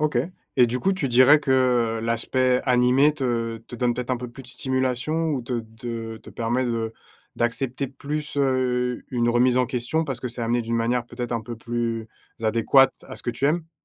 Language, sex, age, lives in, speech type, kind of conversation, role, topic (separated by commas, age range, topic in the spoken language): French, male, 30-34, France, conversation, podcast, host, Quelle est ta série préférée, et pourquoi te parle-t-elle ?